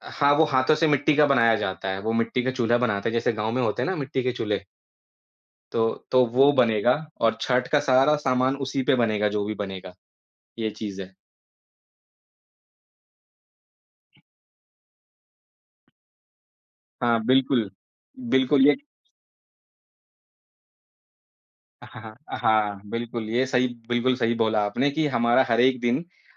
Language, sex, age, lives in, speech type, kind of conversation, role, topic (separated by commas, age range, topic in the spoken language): Hindi, male, 30-34, India, conversation, podcast, guest, Aapke parivaar ki koi khaas parampara kya hai, aur yeh kabse aur kaise shuru hui?